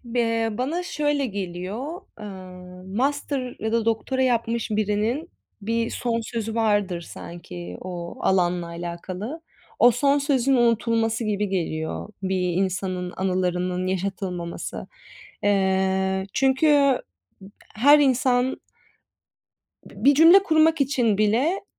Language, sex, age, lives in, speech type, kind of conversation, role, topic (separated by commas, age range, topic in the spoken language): Turkish, female, 25-29, Italy, conversation, unstructured, none, Sevdiklerinin anısını yaşatmak için neler yaparsın?